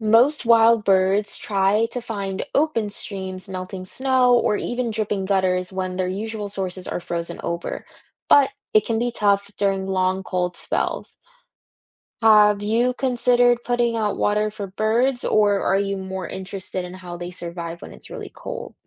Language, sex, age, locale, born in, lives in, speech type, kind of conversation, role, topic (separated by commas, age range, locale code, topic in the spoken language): English, female, 20-24, en-US, United States, United States, read, expert-assistant, expert, Why do some birds fly south for the winter?